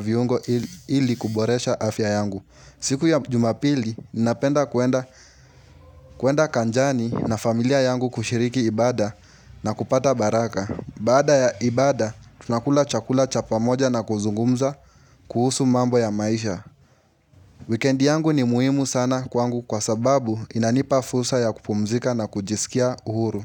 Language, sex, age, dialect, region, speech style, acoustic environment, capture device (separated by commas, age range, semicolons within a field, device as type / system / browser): Swahili, male, 18-24, Kenya - Nairobi Sheng-influenced, Kenya, happy; relaxed; focused; excited, nature, mobile / Linux / Chrome